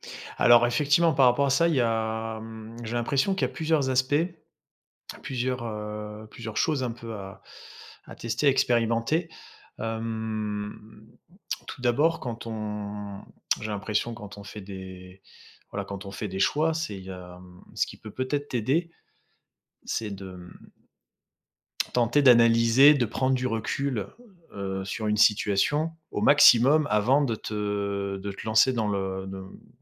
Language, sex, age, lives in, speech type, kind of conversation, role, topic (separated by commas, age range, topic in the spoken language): French, male, 35-39, France, conversation, advice, advisor, Comment puis-je évaluer le coût émotionnel de mes choix pour éviter de retomber dans de mauvaises habitudes ?